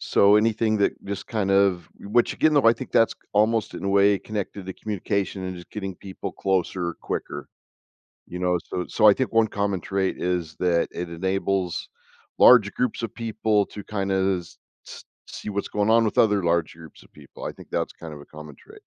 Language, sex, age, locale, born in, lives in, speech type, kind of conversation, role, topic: English, male, 55-59, en-US, United States, United States, conversation, podcast, guest, Why do some inventions have a lasting impact on society while others do not?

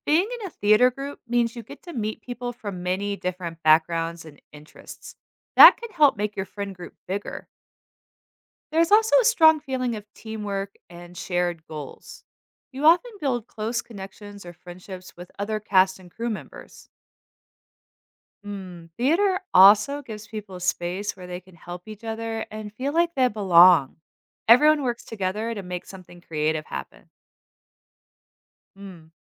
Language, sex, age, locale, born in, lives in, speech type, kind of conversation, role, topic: English, female, 40-44, en-US, United States, United States, read, expert-assistant, expert, What are the benefits of participating in theater or drama activities?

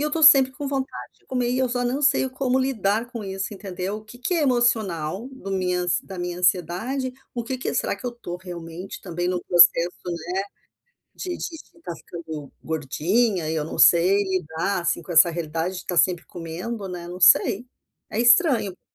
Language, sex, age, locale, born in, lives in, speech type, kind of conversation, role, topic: Portuguese, female, 55-59, pt-BR, Brazil, United States, conversation, advice, user, Como posso saber se minha vontade de comer é fome física ou emocional?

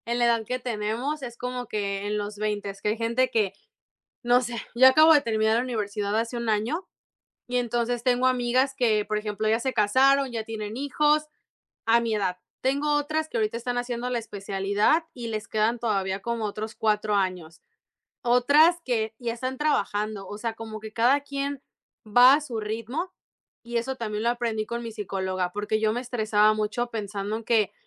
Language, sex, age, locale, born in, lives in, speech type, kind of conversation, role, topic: Spanish, female, 25-29, es-MX, Mexico, United States, conversation, unstructured, none, ¿Qué significa para ti tener una buena autoestima?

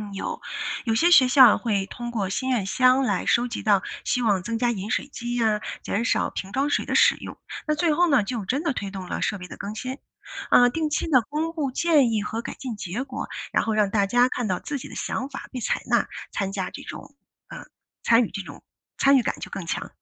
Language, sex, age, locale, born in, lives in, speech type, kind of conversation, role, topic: Chinese, female, 40-44, zh-CN, China, United States, read, expert-assistant, expert, 如果想保护海洋里的动物，是减少塑料使用更有效，还是参与海滩清理活动更有帮助？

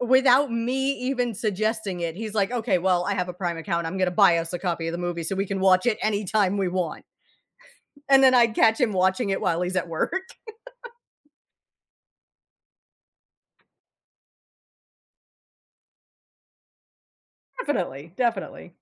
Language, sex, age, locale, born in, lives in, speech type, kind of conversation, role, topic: English, female, 35-39, en-US, United States, United States, conversation, podcast, guest, How do family routines and activities help strengthen your relationships at home?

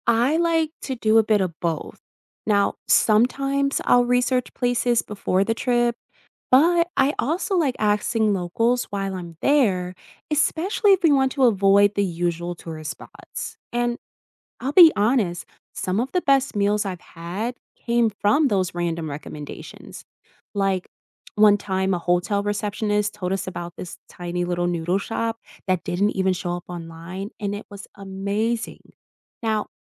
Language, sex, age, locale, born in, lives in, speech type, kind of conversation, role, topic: English, female, 20-24, en-US, United States, United States, read, expert-assistant, asker, How can travel goals make trips more meaningful?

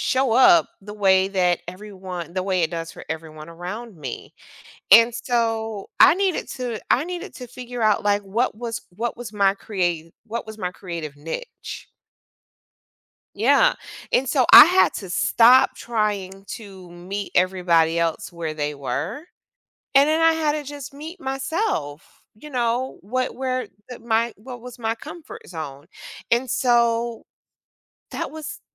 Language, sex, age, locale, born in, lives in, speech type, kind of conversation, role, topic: English, female, 45-49, en-US, United States, United States, conversation, podcast, guest, How do you overcome creative blocks?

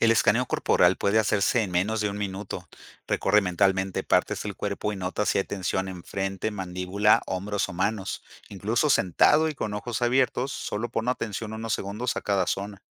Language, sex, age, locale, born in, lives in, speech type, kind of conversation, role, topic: Spanish, male, 50-54, es-MX, Mexico, Mexico, read, expert-assistant, expert, ¿Qué estrategias sencillas de la psicología clínica puedo usar en mi día a día para manejar la ansiedad?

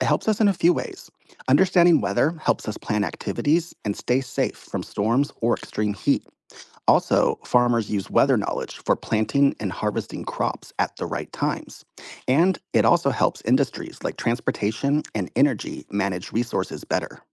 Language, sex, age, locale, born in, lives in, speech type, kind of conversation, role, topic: English, male, 35-39, en-US, United States, United States, read, expert-assistant, expert, How do weather patterns form and change?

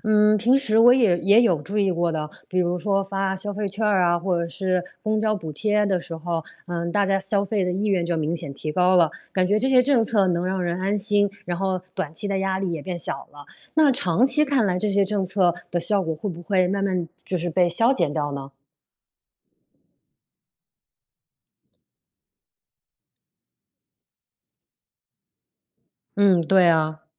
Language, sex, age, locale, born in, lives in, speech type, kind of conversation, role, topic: Chinese, female, 35-39, zh-CN, China, United States, read, expert-assistant, asker, 我怎样判断新闻里的国际经济变化会不会影响我的生活？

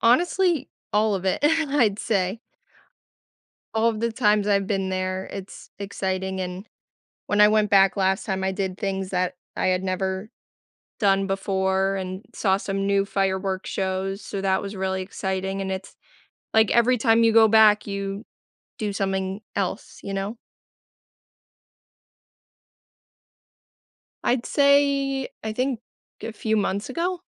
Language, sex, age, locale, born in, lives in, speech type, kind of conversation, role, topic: English, female, 25-29, en-US, United States, United States, conversation, podcast, guest, What makes a vacation spot truly memorable for you?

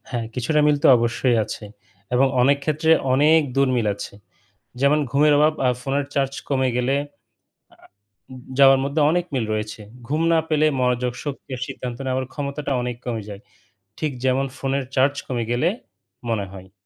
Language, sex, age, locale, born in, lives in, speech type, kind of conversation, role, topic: Bengali, male, 35-39, bn-BD, Bangladesh, Bangladesh, read, expert-assistant, expert, ঘুমের অভাবকে কীভাবে স্মার্টফোনের চার্জ ফুরিয়ে যাওয়ার সাথে তুলনা করা যায়?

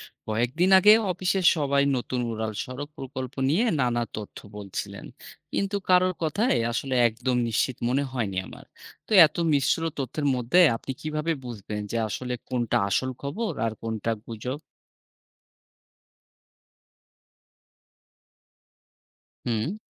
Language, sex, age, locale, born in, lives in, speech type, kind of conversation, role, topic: Bengali, male, 30-34, bn-BD, Bangladesh, Bangladesh, read, expert-assistant, asker, শহরের পরিকল্পনা নিয়ে নানা মত থাকে—আপনি কীভাবে আসল তথ্য আর গুজব আলাদা করেন?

